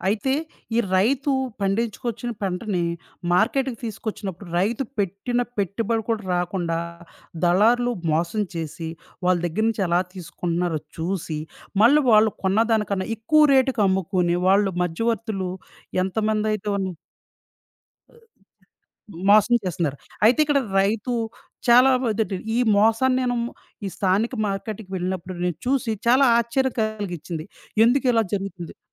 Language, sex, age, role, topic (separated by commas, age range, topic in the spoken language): Telugu, male, 30-34, guest, స్థానిక మార్కెట్‌లోకి తొలిసారి అడుగు పెట్టినప్పుడు మీకు ఏది నిజంగా ఆశ్చర్యంగా అనిపించింది?